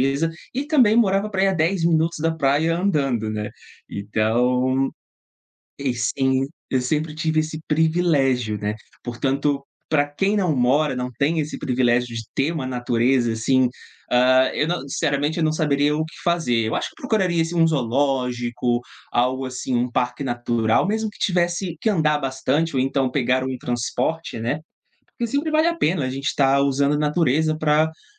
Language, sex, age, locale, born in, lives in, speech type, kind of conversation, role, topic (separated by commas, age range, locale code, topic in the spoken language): Portuguese, male, 30-34, pt-BR, Brazil, Portugal, conversation, podcast, guest, Como usar a natureza para reduzir a ansiedade no dia a dia?